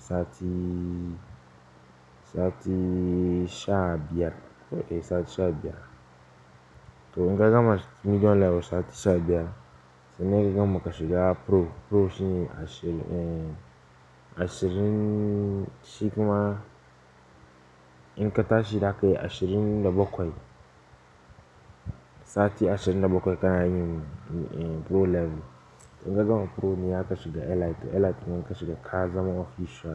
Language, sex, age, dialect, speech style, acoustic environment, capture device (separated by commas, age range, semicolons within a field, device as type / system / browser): Hausa, male, 18-24, Nigeria - Pidgin-influenced, focused; stressed; excited; relaxed, silence, desktop / Linux / Chrome